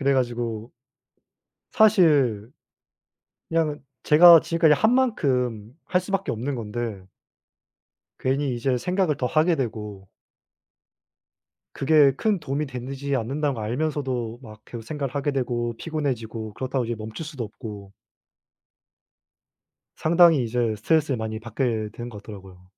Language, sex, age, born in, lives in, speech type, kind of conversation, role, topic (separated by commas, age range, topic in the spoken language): Korean, male, 20-24, South Korea, South Korea, conversation, unstructured, none, 행복의 정의는 사람마다 다를까요?